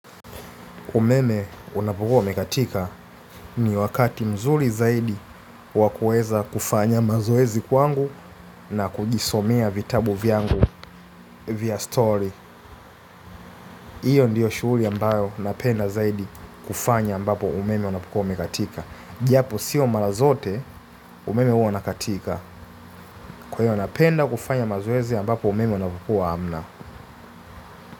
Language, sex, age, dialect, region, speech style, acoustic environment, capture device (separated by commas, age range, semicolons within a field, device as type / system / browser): Swahili, male, 25-34, Tanzania - Dar es Salaam, Tanzania, happy, silence, mobile / Linux / Chrome